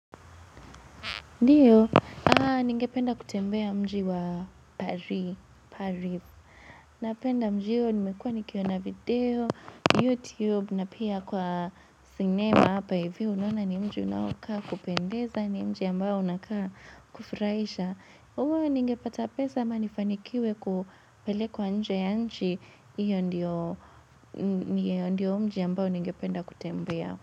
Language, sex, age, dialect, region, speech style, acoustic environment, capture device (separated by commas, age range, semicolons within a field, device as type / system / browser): Swahili, female, 18-24, Kenya - Nairobi Sheng-influenced, Kenya, relaxed, nature, mobile / Linux / Chrome